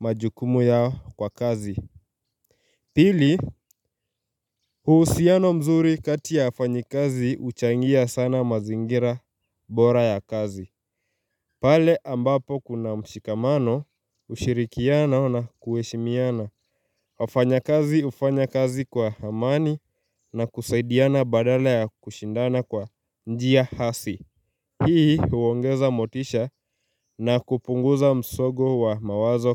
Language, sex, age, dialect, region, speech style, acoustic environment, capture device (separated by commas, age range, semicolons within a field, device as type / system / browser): Swahili, male, 18-24, Kenya - Nairobi Sheng-influenced, Kenya, focused; happy, silence; nature, mobile / Linux / Chrome